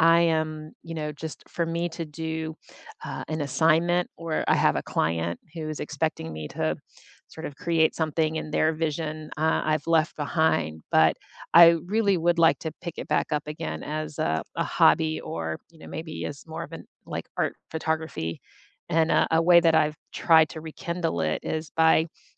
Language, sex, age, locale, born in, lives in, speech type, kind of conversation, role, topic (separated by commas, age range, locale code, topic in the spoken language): English, female, 50-54, en-US, United States, United States, conversation, unstructured, none, Which hobby did you leave behind, and what would it take to rekindle it?